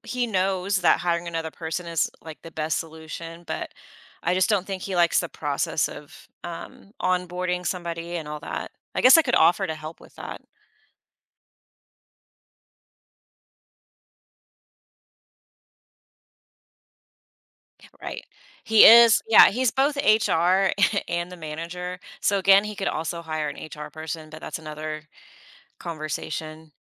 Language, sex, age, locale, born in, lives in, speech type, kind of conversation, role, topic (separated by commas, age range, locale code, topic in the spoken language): English, female, 40-44, en-US, United States, United States, conversation, advice, user, How can I communicate my needs to feel more appreciated at work?